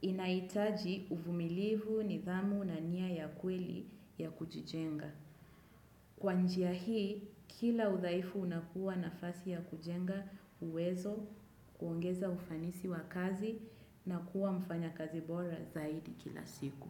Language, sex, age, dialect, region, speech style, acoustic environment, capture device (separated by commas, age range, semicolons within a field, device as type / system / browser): Swahili, female, 25-34, Kenya - Mombasa, Kenya, focused, nature; silence, desktop / Windows / Chrome